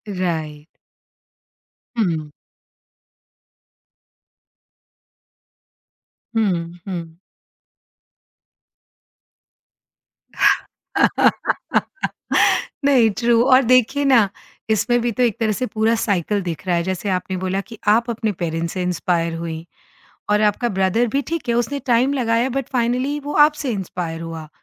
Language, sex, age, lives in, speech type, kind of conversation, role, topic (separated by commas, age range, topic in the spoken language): Hindi, female, 50-54, India, conversation, podcast, host, Tum logon ko inspire aur motivate kaise karte ho?